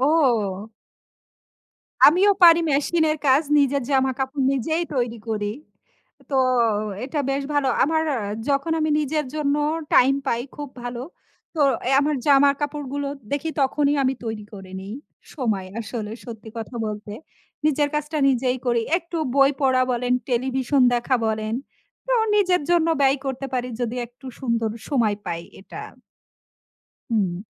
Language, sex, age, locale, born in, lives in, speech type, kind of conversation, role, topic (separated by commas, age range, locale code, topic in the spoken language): Bengali, female, 35-39, bn-BD, Bangladesh, Bangladesh, conversation, unstructured, none, তুমি যদি একদিনের জন্য সব কাজ থেকে মুক্ত থাকতে পারতে, তাহলে দিনটা কীভাবে কাটাতে?